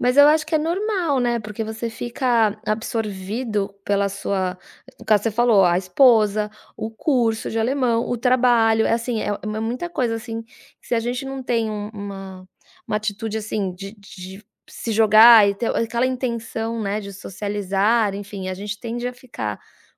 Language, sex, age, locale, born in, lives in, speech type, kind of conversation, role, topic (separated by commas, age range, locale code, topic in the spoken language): Portuguese, female, 40-44, pt-BR, Brazil, United States, conversation, advice, advisor, Como lidar com a sensação de solidão após se mudar para uma nova cidade?